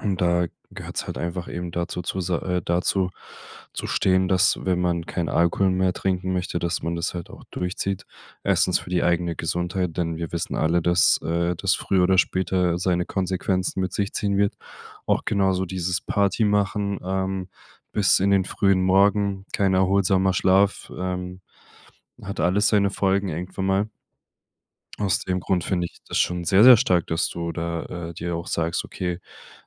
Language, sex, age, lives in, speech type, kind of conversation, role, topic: German, male, 25-29, Germany, conversation, advice, advisor, Wie kann ich an Feiern teilnehmen, ohne mich zu verstellen?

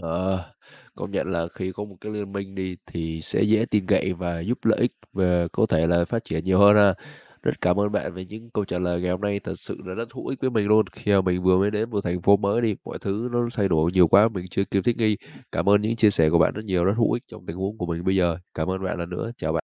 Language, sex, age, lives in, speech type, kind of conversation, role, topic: Vietnamese, male, 20-24, Vietnam, read, expert-assistant, asker, Từ việc quan sát địa chất, mình có thể rút ra bài học nào về thích nghi khi môi trường sống thay đổi?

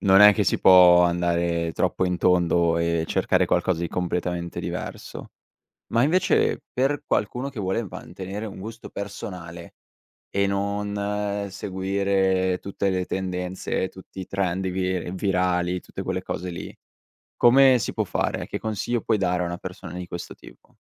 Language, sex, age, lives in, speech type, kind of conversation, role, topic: Italian, male, 18-19, Italy, conversation, podcast, host, Che cosa ne pensi di come i social hanno cambiato i nostri gusti?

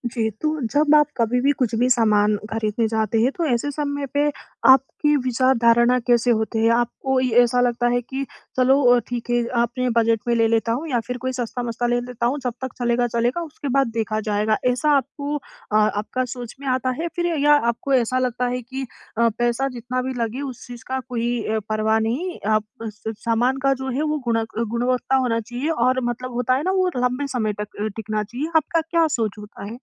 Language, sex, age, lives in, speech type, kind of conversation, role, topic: Hindi, female, 20-24, India, conversation, advice, advisor, कीमत और गुणवत्ता के बीच सही संतुलन कैसे बनाऊँ?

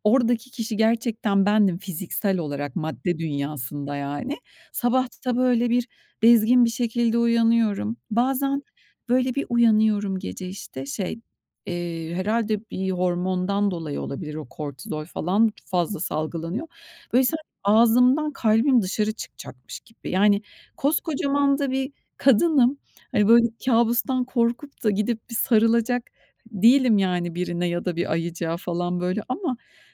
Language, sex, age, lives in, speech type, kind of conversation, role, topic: Turkish, female, 45-49, Spain, conversation, advice, user, Kâbuslar yüzünden uyku kalitem bozuluyor; ne yapabilirim?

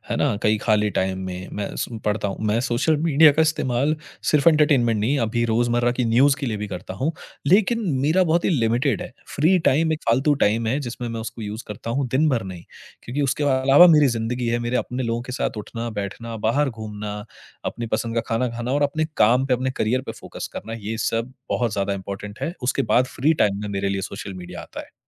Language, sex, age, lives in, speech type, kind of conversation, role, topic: Hindi, male, 30-34, India, conversation, podcast, guest, Celebrity culture hamare rozmarra ki zindagi par kya asar daalta hai?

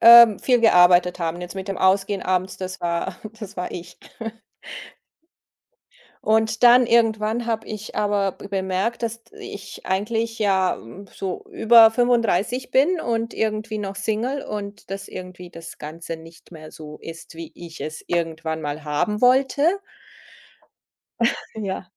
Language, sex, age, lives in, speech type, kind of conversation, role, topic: German, female, 50-54, Germany, conversation, podcast, guest, Was bedeutet Work-Life-Balance für dich konkret?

